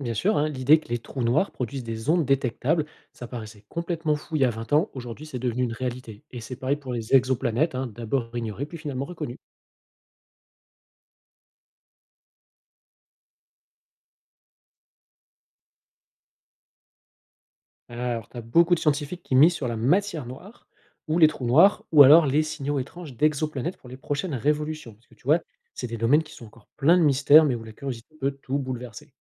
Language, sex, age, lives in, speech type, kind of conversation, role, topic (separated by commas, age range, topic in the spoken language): French, male, 40-44, France, read, expert-assistant, expert, Si on pouvait observer l’univers avec de nouveaux outils, quelles découvertes incroyables pourraient en découler ?